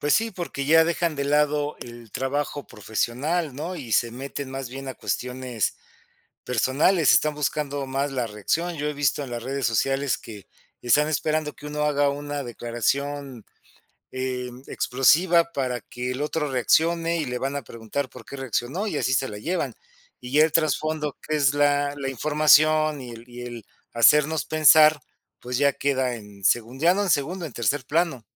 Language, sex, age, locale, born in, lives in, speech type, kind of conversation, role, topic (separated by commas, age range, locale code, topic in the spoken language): Spanish, male, 50-54, es-MX, Mexico, Mexico, conversation, unstructured, none, ¿Crees que la prensa amarilla perjudica a la cultura pop?